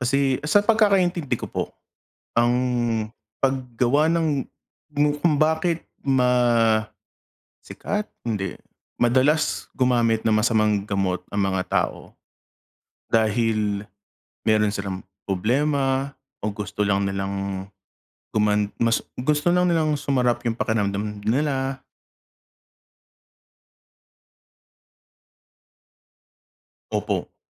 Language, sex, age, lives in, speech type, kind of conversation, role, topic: Filipino, male, 35-39, United States, conversation, unstructured, none, Ano ang nararamdaman mo kapag nalalaman mong pinagsasamantalahan ang agham para sa masasamang gawain?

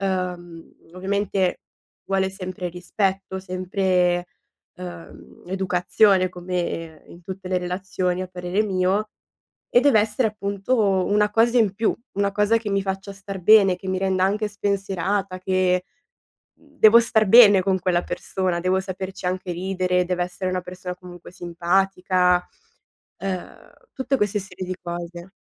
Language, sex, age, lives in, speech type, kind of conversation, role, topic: Italian, female, 20-24, Italy, conversation, advice, user, Come posso affrontare la paura di impegnarmi dopo le relazioni passate?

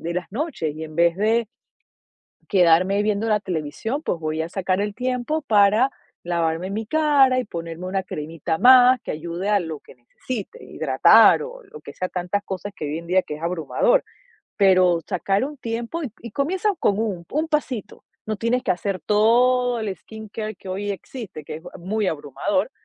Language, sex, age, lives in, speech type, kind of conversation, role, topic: Spanish, female, 45-49, United States, conversation, advice, advisor, ¿Cómo puedo cuidarme sin descuidar a mis hijos y a mis padres mayores?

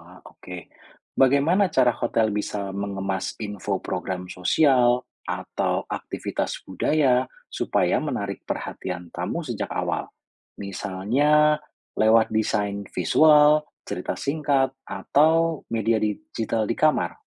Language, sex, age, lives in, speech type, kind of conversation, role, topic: Indonesian, male, 35-39, Indonesia, read, expert-assistant, asker, Menurut kamu, seperti apa cara kreatif memadukan budaya lokal dengan layanan hotel biar wisatawan makin betah?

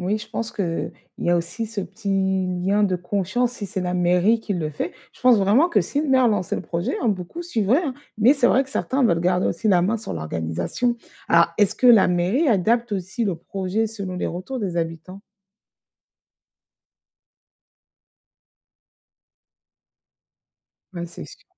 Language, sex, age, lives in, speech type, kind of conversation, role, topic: French, female, 35-39, France, read, expert-assistant, asker, Comment organiser un partenariat entre deux villes voisines pour améliorer les transports publics ?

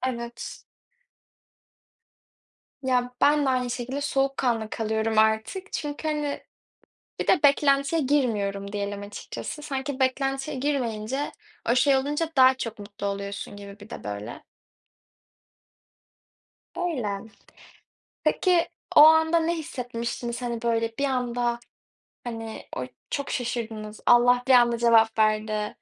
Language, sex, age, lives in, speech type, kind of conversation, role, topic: Turkish, female, 20-24, Netherlands, conversation, unstructured, none, Hayatında seni en çok şaşırtan şey neydi?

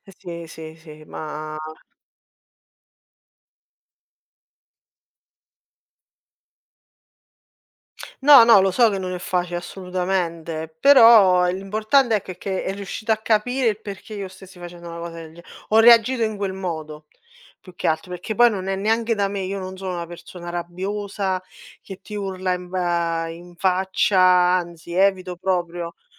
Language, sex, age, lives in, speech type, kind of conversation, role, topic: Italian, female, 30-34, Italy, conversation, unstructured, none, Hai mai fatto pace con qualcuno dopo una discussione accesa?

